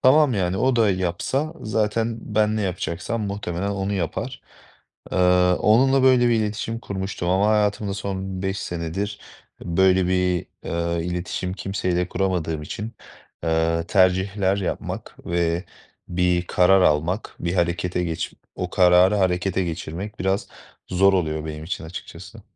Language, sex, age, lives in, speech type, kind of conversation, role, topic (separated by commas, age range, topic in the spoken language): Turkish, male, 25-29, Poland, conversation, advice, user, Mükemmeliyetçilik yüzünden seçim yaparken neden karar veremiyorum?